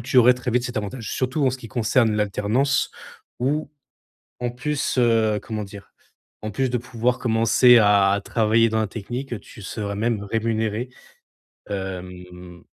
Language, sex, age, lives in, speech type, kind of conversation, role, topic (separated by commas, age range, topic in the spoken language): French, male, 25-29, France, conversation, advice, advisor, Quelles compétences devrais-je apprendre en priorité et comment établir un plan d’apprentissage pour progresser professionnellement ?